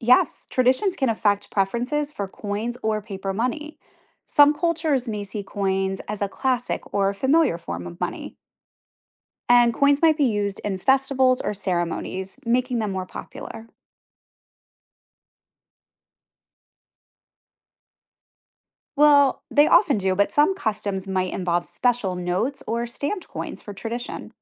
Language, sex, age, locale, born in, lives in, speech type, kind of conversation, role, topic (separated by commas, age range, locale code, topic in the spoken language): English, female, 40-44, en-US, United States, United States, read, expert-assistant, expert, Why do some countries use coins more than paper money?